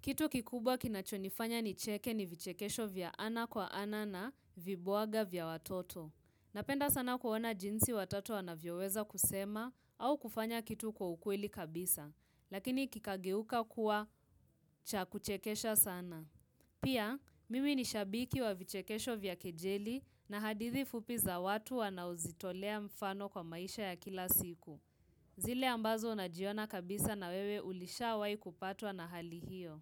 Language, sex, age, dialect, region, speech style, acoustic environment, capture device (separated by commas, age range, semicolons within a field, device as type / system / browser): Swahili, female, 18-24, Kenya - Nairobi Sheng-influenced, Kenya, relaxed, silence, mobile / Linux / Chrome